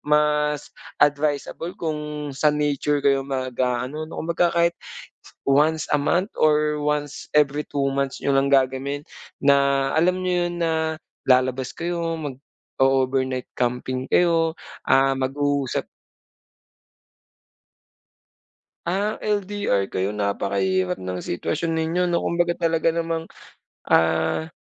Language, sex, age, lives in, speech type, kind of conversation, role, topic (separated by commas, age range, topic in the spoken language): Filipino, male, 25-29, Philippines, conversation, advice, advisor, Paano kami makikinig at mag-uusap nang mas mabisa?